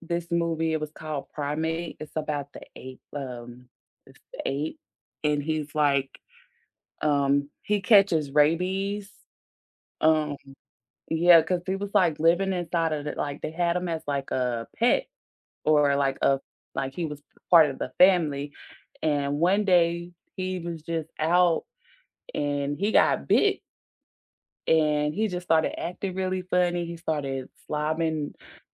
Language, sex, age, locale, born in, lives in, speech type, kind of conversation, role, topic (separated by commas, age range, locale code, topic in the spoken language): English, female, 30-34, en-US, United States, United States, conversation, unstructured, none, What snacks make movie night perfect for you, and what movie-theater hot takes spark friendly debates?